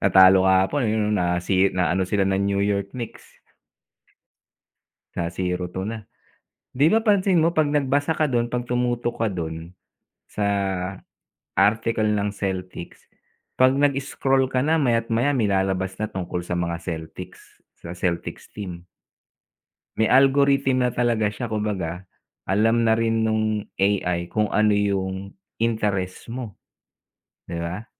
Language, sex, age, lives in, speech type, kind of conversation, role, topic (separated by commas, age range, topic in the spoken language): Filipino, male, 45-49, United States, conversation, unstructured, none, Bakit may mga taong palaging naghahanap ng away sa social media?